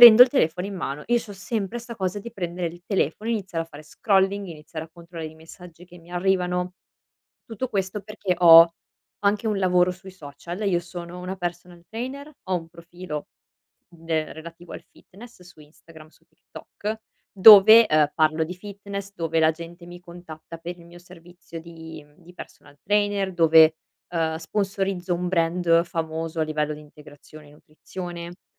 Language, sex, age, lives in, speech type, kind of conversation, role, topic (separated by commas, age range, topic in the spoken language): Italian, female, 25-29, Italy, conversation, advice, user, Come posso gestire le interruzioni quotidiane senza perdere coerenza nella mia routine e nei miei obiettivi?